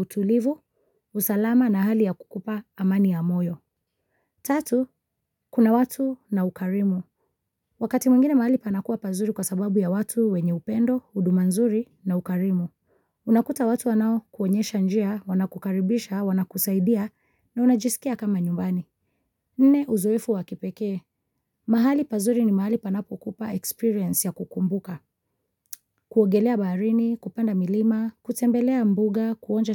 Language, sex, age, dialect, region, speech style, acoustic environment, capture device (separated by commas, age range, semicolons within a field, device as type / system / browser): Swahili, female, 25-34, Kenya - Mombasa, Kenya, focused, nature; silence, mobile / Linux / Chrome